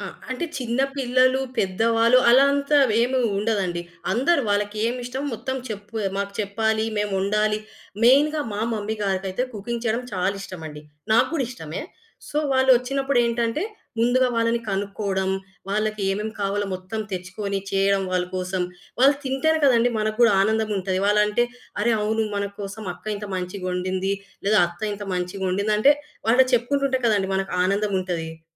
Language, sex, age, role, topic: Telugu, female, 25-29, guest, అతిథులకు ప్రత్యేక ఆహార అవసరాలు ఉంటే మీరు విందును ఎలా ప్రణాళిక చేస్తారు?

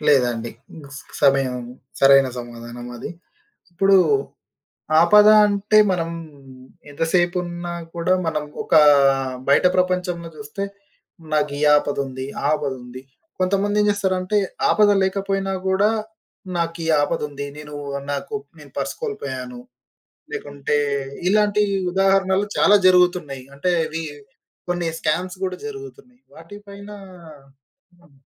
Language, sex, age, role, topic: Telugu, male, 25-29, host, ఆపదలో ఉన్నవారికి సహాయం చేయడానికి సమాజం ఎలా ముందుకు వస్తుంది?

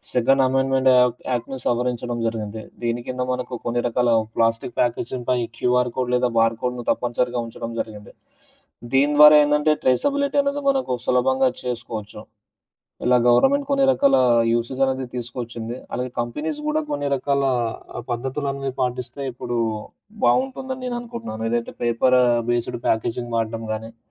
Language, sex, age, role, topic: Telugu, male, 25-29, guest, ప్లాస్టిక్ కాలుష్యం గురించి మీ అభిప్రాయం ఏమిటి?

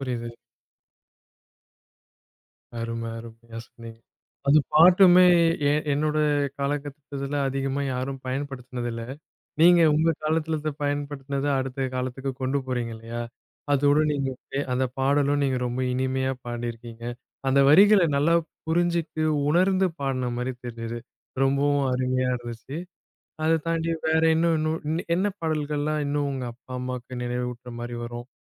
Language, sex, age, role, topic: Tamil, male, 30-34, host, அப்பா-அம்மாவின் வீட்டை நினைவுபடுத்தும் பாடல் என்ன?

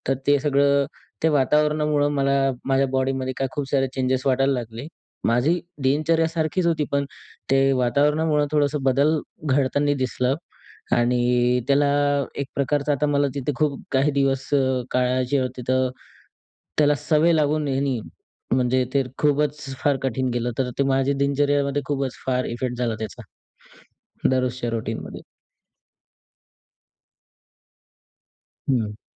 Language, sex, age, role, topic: Marathi, male, 20-24, guest, परदेशात किंवा नवीन शहरात राहायला गेल्यावर तुझ्या आयुष्यात काय बदल झाले?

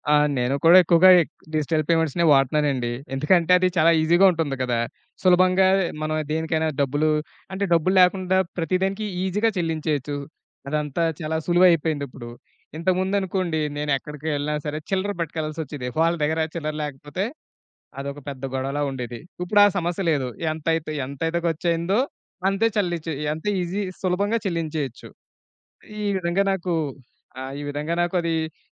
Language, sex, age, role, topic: Telugu, male, 30-34, guest, మీకు డిజిటల్ చెల్లింపులు వాడిన అనుభవం ఎలా ఉంది?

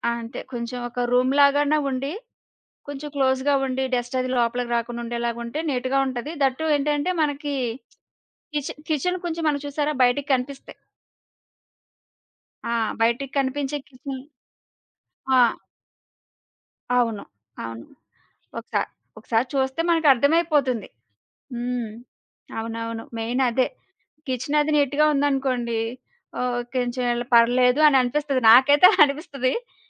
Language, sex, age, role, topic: Telugu, female, 30-34, guest, మీకు ఇష్టమైన వీధి ఆహారం ఏది, ఎందుకు?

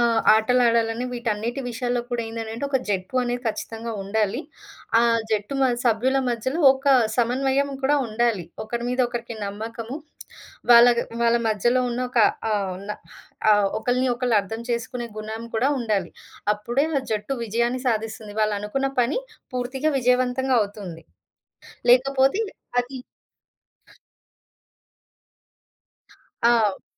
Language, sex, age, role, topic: Telugu, female, 30-34, guest, జట్టు సభ్యుల మధ్య సంపూర్ణ నమ్మకాన్ని మీరు ఎలా నిర్మిస్తారు?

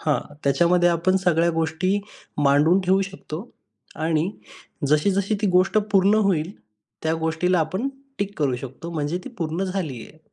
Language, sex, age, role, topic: Marathi, male, 25-29, guest, दैनिक कामांचे नियोजन तुम्ही डिजिटल साधनांच्या मदतीने कसे करता?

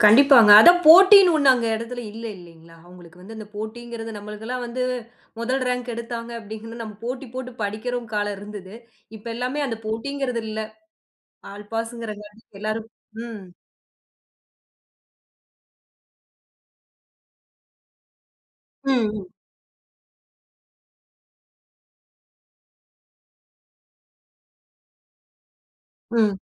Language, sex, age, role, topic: Tamil, female, 30-34, host, இன்றைய இளம் தலைமுறைக்குப் நீங்கள் என்ன சொல்ல விரும்புகிறீர்கள்?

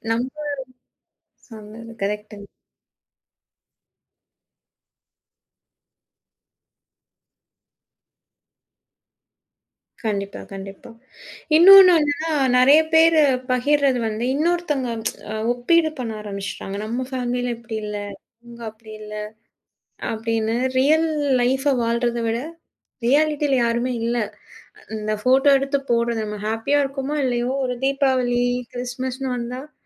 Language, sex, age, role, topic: Tamil, female, 30-34, host, பொதுவாக பேசும்போது, உங்கள் தனிப்பட்ட கதையை எவ்வளவு அளவுக்கு பகிர வேண்டும் என்று நீங்கள் எப்படி முடிவு செய்கிறீர்கள்?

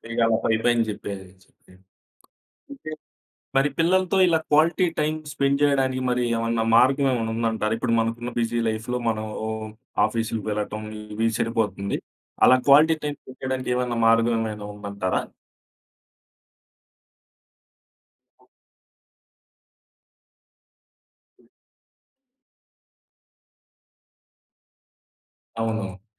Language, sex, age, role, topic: Telugu, male, 25-29, host, పిల్లలతో ఇంట్లో పనులు చేస్తూ ఉండేటప్పుడు మీకు ఉపయోగపడిన చిట్కాలు ఏవి?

